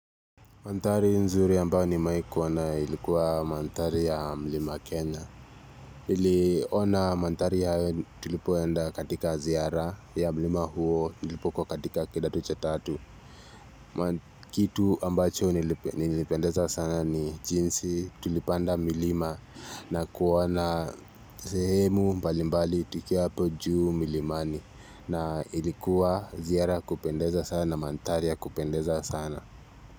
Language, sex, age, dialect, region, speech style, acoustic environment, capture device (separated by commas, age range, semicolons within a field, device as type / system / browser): Swahili, male, 18-24, Kenya - Nairobi Sheng-influenced, Kenya, relaxed; focused, nature; silence, mobile / Linux / Chrome